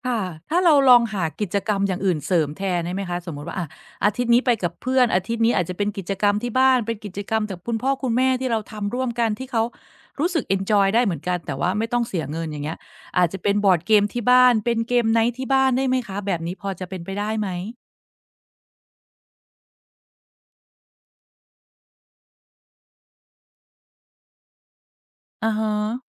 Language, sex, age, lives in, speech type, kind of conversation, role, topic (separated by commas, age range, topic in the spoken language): Thai, female, 45-49, Thailand, conversation, advice, advisor, อยากประหยัด แต่กลัวว่าคุณภาพชีวิตจะลดลง